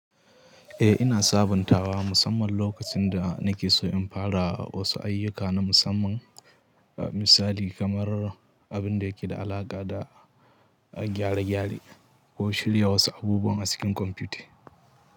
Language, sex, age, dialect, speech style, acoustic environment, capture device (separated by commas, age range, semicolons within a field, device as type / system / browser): Hausa, male, 18-24, Nigeria - Katsina, happy; anxious; nervous; excited; tired; relaxed; stressed; focused, people talking; music; nature; silence; traffic; construction; appliances, mobile / Linux / Chrome